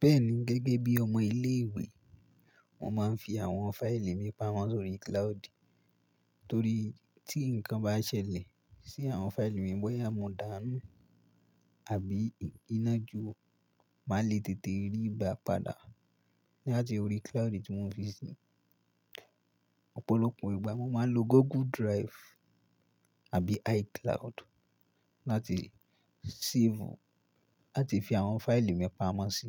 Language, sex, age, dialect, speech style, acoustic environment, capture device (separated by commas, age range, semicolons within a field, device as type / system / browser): Yoruba, male, 18-24, Nigeria - Ibadan, relaxed; focused; excited, silence; nature, mobile / Linux / Chrome